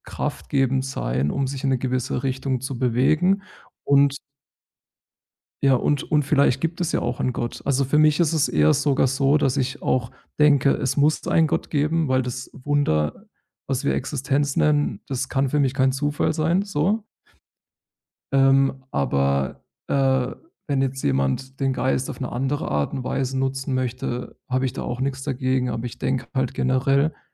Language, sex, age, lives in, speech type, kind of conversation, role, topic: German, male, 30-34, Germany, conversation, podcast, guest, Wie verbindest du persönliche Erfahrungen mit Fantasie?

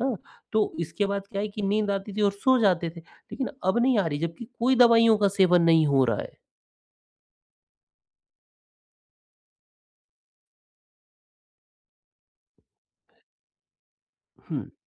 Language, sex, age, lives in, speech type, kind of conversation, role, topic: Hindi, male, 45-49, India, conversation, advice, user, मैं सुबह अधिक ऊर्जा के साथ उठने के लिए अपनी नींद की गुणवत्ता कैसे सुधारूँ?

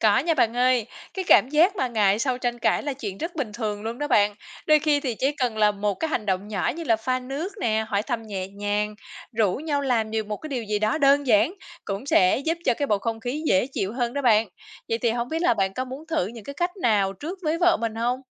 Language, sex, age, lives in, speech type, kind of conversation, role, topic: Vietnamese, female, 35-39, Vietnam, read, expert-assistant, expert, Vì sao thương lượng trong các mối quan hệ cá nhân lại thường phức tạp hơn so với các tình huống công việc?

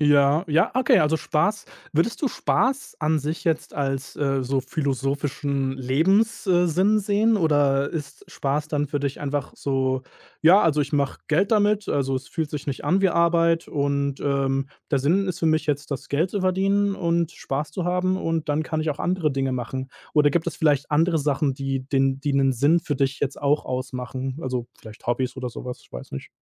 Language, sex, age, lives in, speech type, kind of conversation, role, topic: German, male, 30-34, Germany, conversation, podcast, host, Wie findest du im Alltag Sinn?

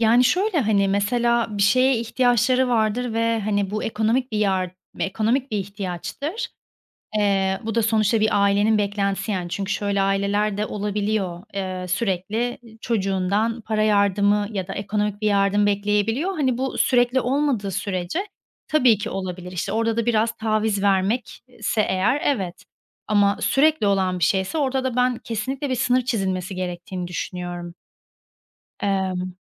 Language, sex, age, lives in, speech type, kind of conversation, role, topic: Turkish, female, 30-34, Spain, conversation, podcast, guest, Ailenin beklentileriyle kendi hedeflerini nasıl dengelersin?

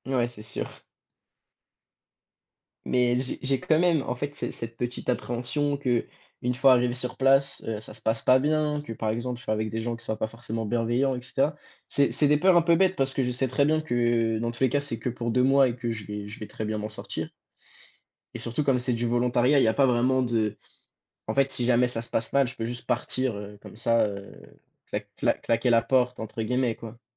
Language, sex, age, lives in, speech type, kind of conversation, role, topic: French, male, 18-19, France, conversation, advice, user, Envisagez-vous de déménager pour une opportunité professionnelle, et dans quelles conditions ?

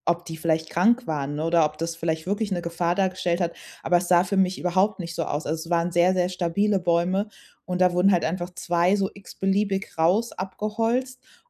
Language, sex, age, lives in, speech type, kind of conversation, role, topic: German, female, 30-34, Germany, conversation, podcast, guest, Was hat dich der Verlust eines Stücks Natur über Wertschätzung gelehrt?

